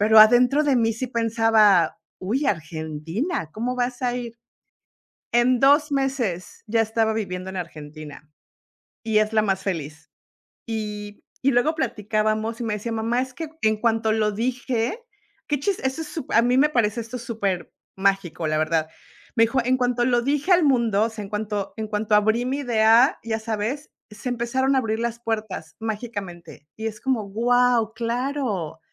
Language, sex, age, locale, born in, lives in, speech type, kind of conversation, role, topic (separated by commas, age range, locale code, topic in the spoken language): Spanish, female, 50-54, es-MX, Mexico, United States, conversation, unstructured, none, ¿Qué harías si alguien te dijera que tu meta es imposible?